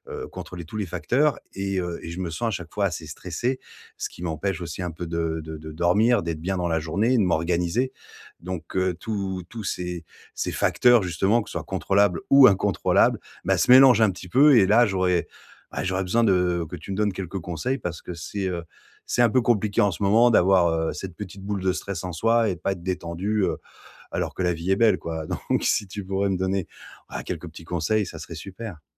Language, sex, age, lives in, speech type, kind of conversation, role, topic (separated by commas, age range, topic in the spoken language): French, male, 40-44, France, conversation, advice, user, Comment distinguer ce que je peux contrôler de ce que je ne peux pas contrôler quand je suis stressé ?